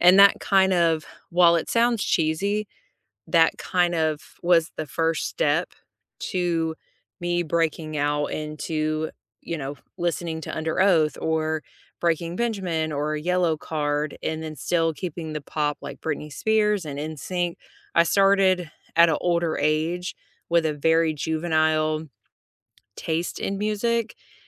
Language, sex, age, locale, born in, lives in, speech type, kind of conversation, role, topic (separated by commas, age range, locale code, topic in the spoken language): English, female, 35-39, en-US, United States, United States, conversation, unstructured, none, Which songs became the soundtrack to the moments that changed you, and why do they matter?